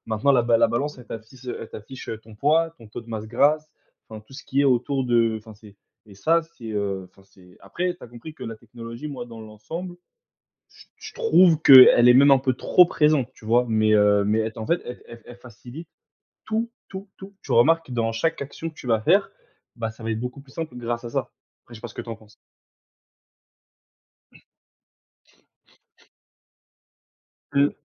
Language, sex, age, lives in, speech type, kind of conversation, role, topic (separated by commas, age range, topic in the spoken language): French, male, 20-24, France, conversation, unstructured, none, Comment la technologie rend-elle la vie quotidienne plus facile ?